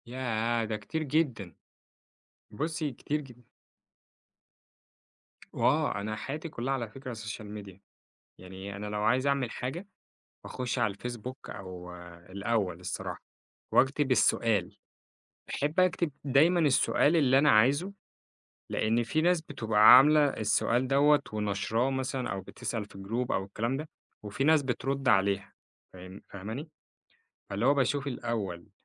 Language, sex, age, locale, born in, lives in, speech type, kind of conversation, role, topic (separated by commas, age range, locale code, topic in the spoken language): Arabic, male, 25-29, ar-EG, Egypt, Egypt, conversation, podcast, guest, إيه أهم نصيحة للسلامة وإنت مسافر لوحدك؟